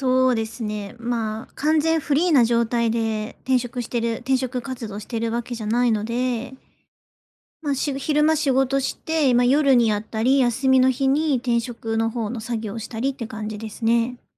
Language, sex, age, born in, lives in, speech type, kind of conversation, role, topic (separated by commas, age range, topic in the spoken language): Japanese, female, 30-34, Japan, Japan, conversation, advice, user, 不安を感じている人にどう寄り添えばいいですか？